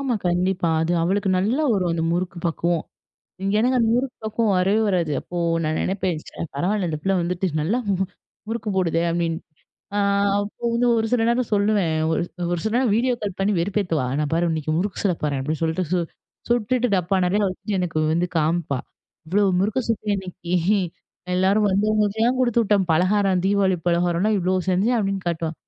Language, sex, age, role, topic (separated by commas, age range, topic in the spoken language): Tamil, female, 25-29, guest, அந்த உணவைச் சமைக்கும் போது உங்களுக்கு ஏதாவது நினைவுகள் வருமா?